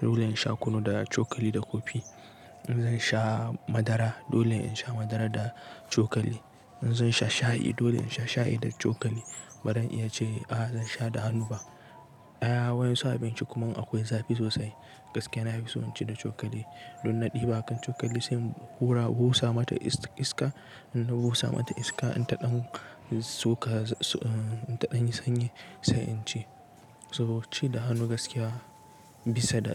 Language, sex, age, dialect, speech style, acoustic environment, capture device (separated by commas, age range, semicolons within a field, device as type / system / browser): Hausa, male, 18-24, Nigeria - Nigerian Standard, relaxed, appliances; people talking, desktop / Linux / Chrome